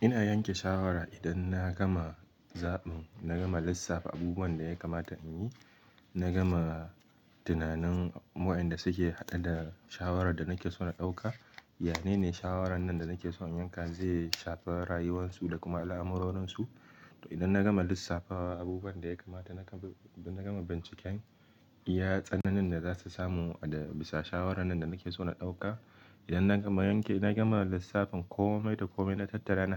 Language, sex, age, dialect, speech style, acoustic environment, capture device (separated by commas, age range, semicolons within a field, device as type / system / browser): Hausa, male, 18-24, Nigeria - Kano, anxious; happy; excited, silence, mobile / Linux / Chrome